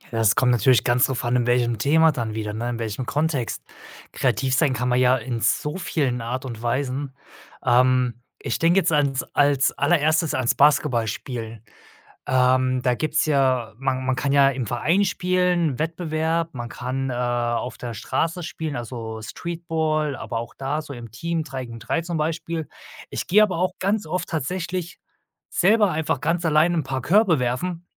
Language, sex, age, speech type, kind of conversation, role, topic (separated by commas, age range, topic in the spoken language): German, male, 35-39, conversation, podcast, guest, Wie spielst du kreativ, und was gehört für dich dazu?